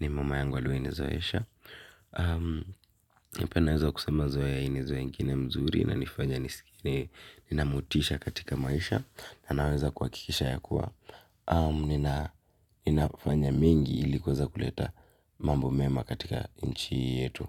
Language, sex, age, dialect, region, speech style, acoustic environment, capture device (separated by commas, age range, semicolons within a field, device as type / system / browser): Swahili, male, 18-24, Kenya - Nairobi Sheng-influenced, Kenya, focused; excited; happy; relaxed, silence, mobile / Linux / Chrome